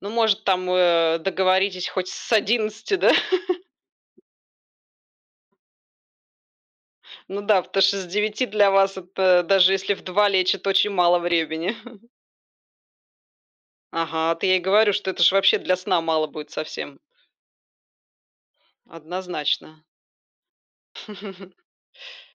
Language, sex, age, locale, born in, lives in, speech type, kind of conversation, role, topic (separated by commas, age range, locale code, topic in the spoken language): Russian, female, 40-44, ru-RU, Belarus, Spain, conversation, unstructured, none, Утром или вечером вы чувствуете себя наиболее продуктивным?